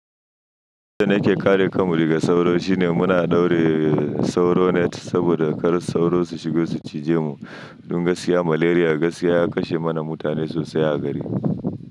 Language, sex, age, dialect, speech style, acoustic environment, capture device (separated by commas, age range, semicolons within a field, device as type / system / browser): Hausa, male, 25-34, Nigeria - Nigerian Standard, happy, silence; nature, mobile / Linux / Chrome